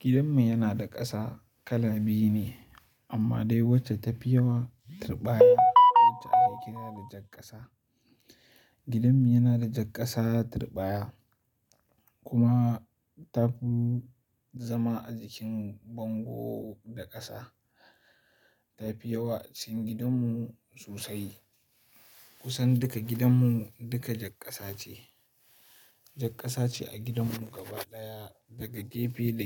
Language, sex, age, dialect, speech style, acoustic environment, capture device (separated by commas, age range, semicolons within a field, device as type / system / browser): Hausa, male, 18-24, Nigeria - Kano, focused, silence, mobile / Linux / Chrome